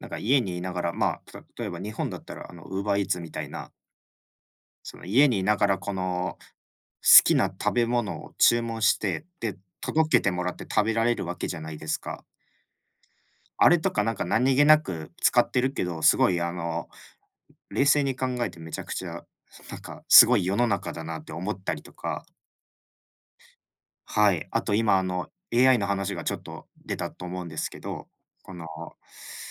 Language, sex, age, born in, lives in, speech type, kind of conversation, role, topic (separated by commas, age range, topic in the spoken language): Japanese, male, 25-29, Japan, Japan, conversation, unstructured, none, テクノロジーは私たちの生活をどのように変えたと思いますか？